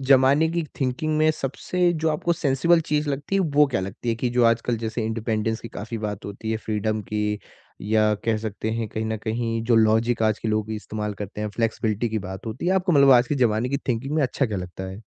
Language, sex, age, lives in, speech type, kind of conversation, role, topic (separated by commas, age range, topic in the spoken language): Hindi, male, 20-24, India, conversation, podcast, host, Kya kabhi aapke parivaarik moolyon aur aaj ke zamaane ki soch mein takraav hua hai, aur aapne use kaise sambhala?